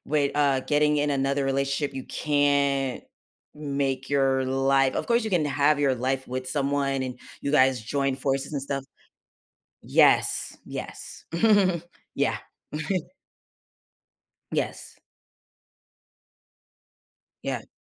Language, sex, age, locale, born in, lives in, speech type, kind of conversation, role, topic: English, female, 30-34, en-US, United States, United States, conversation, podcast, guest, How has overcoming a difficult experience shaped who you are today?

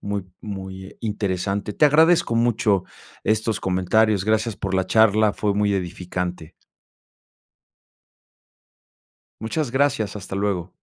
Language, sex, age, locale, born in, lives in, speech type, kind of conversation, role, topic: Spanish, male, 50-54, es-MX, Mexico, Mexico, conversation, podcast, host, ¿Por qué algunas historias siempre nos reconfortan?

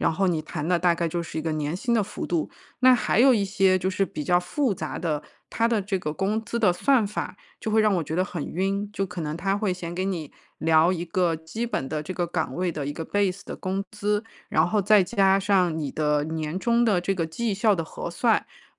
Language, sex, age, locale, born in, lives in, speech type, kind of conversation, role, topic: Chinese, female, 35-39, zh-CN, China, United States, conversation, advice, user, 面试中如何就薪资和福利进行谈判？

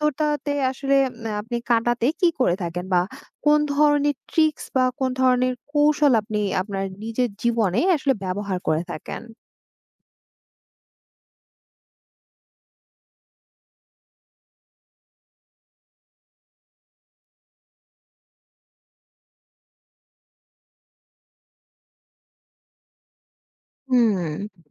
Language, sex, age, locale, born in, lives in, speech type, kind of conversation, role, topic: Bengali, female, 20-24, bn-BD, Bangladesh, Bangladesh, conversation, podcast, host, ডিসিপ্লিন গড়তে আপনি প্রথমে কী করেন?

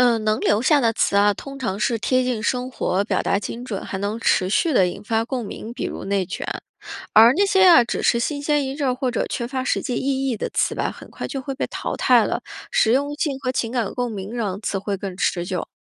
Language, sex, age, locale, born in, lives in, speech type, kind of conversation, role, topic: Chinese, female, 35-39, zh-CN, China, United States, read, expert-assistant, expert, 是什么原因导致语言会不断发生变化和演变？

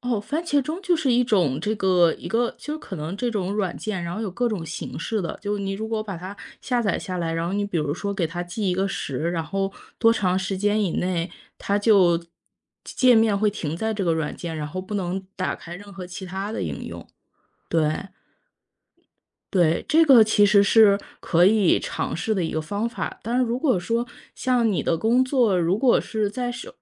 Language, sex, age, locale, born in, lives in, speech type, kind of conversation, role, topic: Chinese, female, 30-34, zh-CN, China, United States, conversation, advice, advisor, 我经常注意力分散，怎样才能更长时间保持专注？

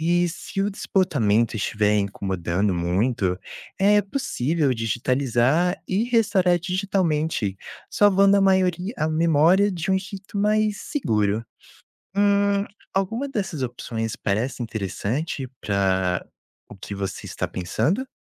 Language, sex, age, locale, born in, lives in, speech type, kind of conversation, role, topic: Portuguese, male, 20-24, pt-BR, Brazil, United States, read, expert-assistant, expert, Como conservar fotos e documentos antigos em casa?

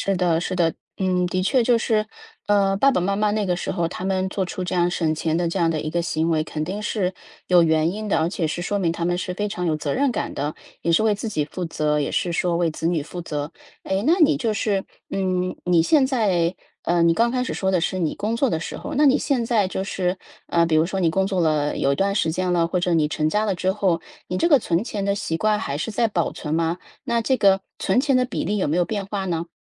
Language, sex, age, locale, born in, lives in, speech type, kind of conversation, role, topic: Chinese, female, 35-39, zh-CN, China, United States, conversation, podcast, host, 你如何决定把钱存起来还是花掉？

